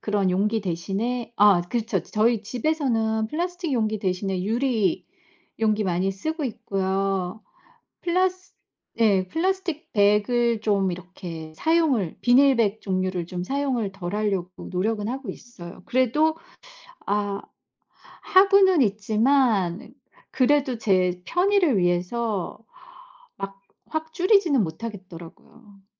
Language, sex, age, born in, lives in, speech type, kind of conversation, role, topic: Korean, female, 50-54, South Korea, United States, conversation, unstructured, none, 환경오염 문제에 대해 어떻게 생각하세요?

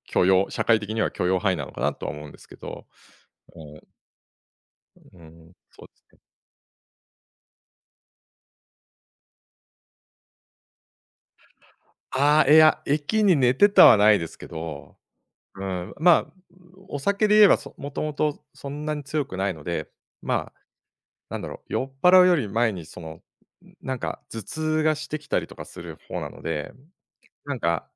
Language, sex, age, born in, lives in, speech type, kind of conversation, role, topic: Japanese, male, 50-54, Japan, Japan, conversation, podcast, guest, 後悔を原動力にして行動を変えたことはありますか？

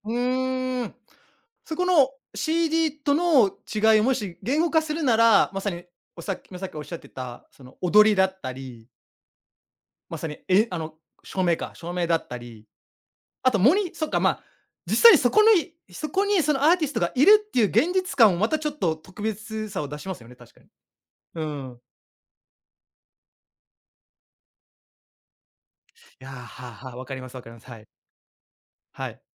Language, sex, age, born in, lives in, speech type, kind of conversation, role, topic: Japanese, male, 35-39, Japan, Japan, conversation, podcast, host, ライブやコンサートで特別だった体験はありますか？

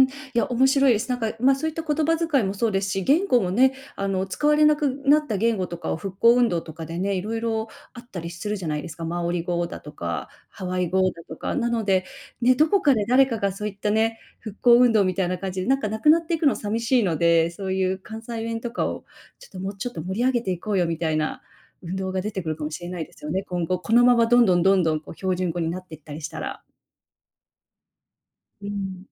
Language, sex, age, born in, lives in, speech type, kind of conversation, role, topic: Japanese, female, 40-44, Japan, United States, conversation, podcast, host, 世代によって変わる言葉遣いを、あなたはどう捉えていますか？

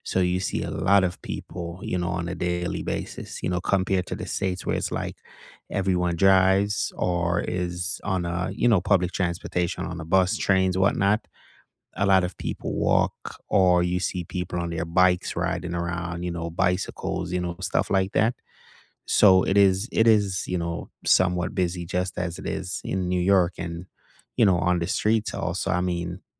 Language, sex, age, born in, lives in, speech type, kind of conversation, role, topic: English, male, 35-39, Jamaica, United States, conversation, unstructured, none, Which neighborhoods felt like home on your first visit, and what instantly won you over?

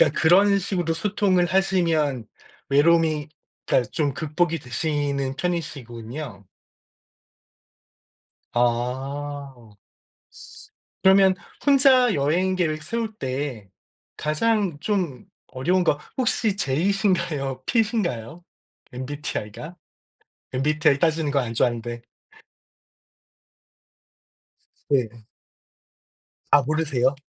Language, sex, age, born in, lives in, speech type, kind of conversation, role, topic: Korean, male, 45-49, South Korea, United States, conversation, unstructured, none, 혼자 여행할 때 가장 걱정되는 점은 무엇인가요?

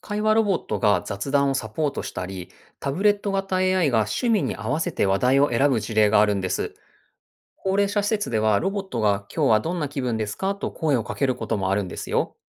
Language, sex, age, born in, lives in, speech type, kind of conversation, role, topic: Japanese, male, 40-44, Japan, Japan, read, expert-assistant, expert, 患者さんとのコミュニケーションを深めるために、新しい方法を取り入れるとしたら、どんなアイデアが浮かびますか？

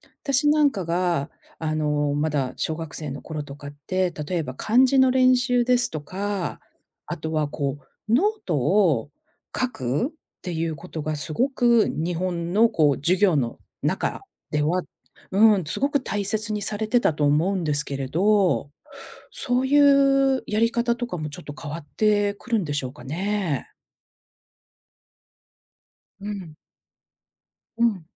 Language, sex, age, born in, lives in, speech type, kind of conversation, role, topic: Japanese, female, 50-54, Japan, United States, conversation, podcast, host, ICTは学校教育にどのような変化をもたらすと思いますか？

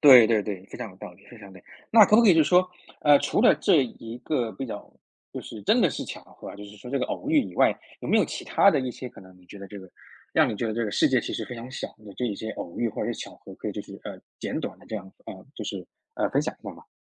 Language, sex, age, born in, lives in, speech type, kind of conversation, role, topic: Chinese, male, 30-34, China, United States, conversation, podcast, host, 你有没有遇到过让你觉得世界很小的巧合？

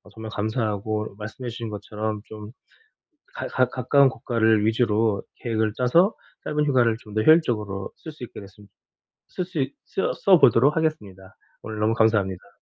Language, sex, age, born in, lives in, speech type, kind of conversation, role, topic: Korean, male, 40-44, South Korea, South Korea, conversation, advice, user, 짧은 휴가를 가장 효율적으로 보내는 방법은 무엇인가요?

